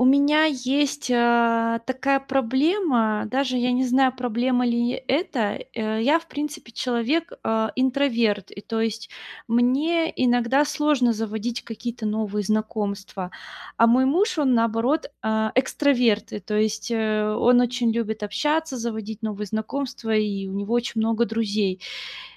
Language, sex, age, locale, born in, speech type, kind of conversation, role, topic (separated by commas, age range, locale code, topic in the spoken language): Russian, female, 30-34, ru-RU, Russia, conversation, advice, user, Как справиться с неловкостью на первых встречах, когда хочется завести новых друзей?